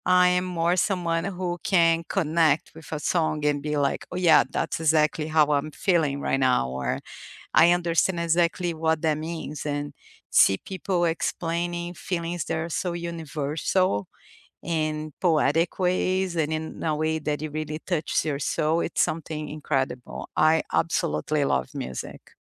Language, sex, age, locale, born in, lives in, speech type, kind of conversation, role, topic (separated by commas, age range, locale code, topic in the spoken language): English, female, 45-49, en-BR, Brazil, United States, conversation, unstructured, none, What weekend rituals help you recharge and feel grounded, and what makes them meaningful to you?